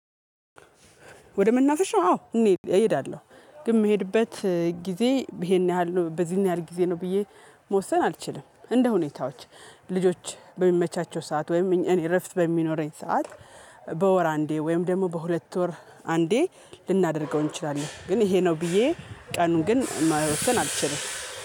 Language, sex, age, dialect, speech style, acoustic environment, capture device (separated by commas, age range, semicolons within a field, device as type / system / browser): Amharic, female, 35-44, Ethiopia - Gojjam, happy, music, mobile / Linux / Chrome